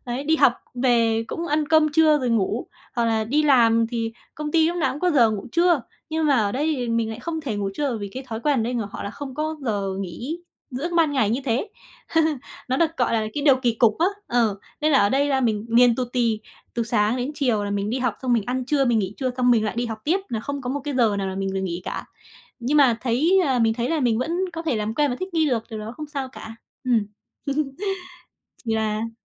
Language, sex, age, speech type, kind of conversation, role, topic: Vietnamese, female, 20-24, conversation, podcast, guest, Khi sống giữa hai nơi khác nhau, bạn cảm thấy mình thuộc về nơi nào?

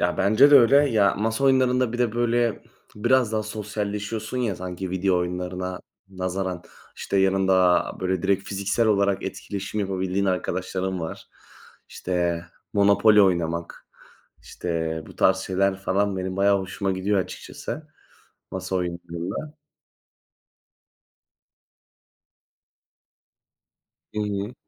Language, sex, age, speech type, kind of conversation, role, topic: Turkish, male, 20-24, conversation, unstructured, none, Hangisi daha eğlenceli: Masa oyunları mı yoksa video oyunları mı?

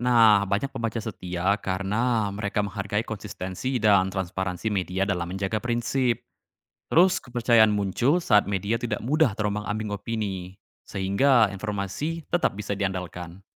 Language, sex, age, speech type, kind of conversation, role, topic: Indonesian, male, 20-24, read, expert-assistant, expert, Kalau harus memilih, mana yang lebih penting di berita: cepat memberitakan atau memastikan informasinya benar-benar akurat?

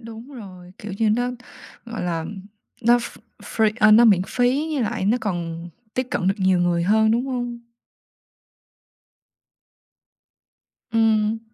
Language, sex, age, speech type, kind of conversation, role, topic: Vietnamese, female, 20-24, conversation, podcast, host, Bạn nghĩ mạng xã hội thay đổi cách chúng ta nghe nhạc như thế nào?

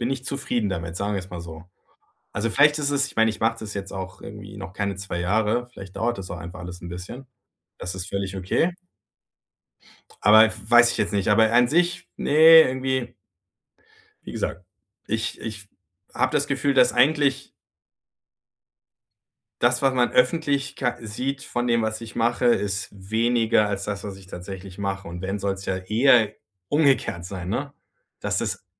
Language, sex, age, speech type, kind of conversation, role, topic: German, male, 40-44, conversation, advice, user, Wie kann ich öffentlich experimentieren, ohne Angst vor Fehlern zu haben?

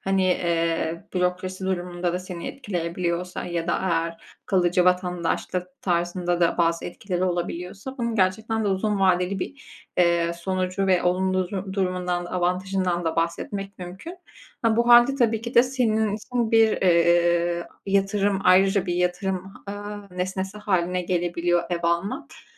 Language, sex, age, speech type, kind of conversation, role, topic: Turkish, female, 25-29, conversation, advice, advisor, Ev almak mı, kiralamak mı daha doğru bir karar?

